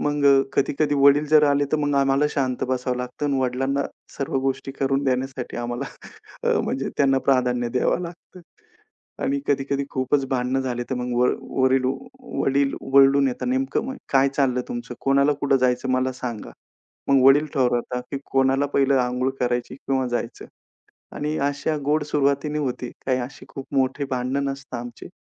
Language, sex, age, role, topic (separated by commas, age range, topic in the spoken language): Marathi, male, 35-39, guest, सकाळी घरात काय केलं तर घर अधिक जिवंत वाटतं?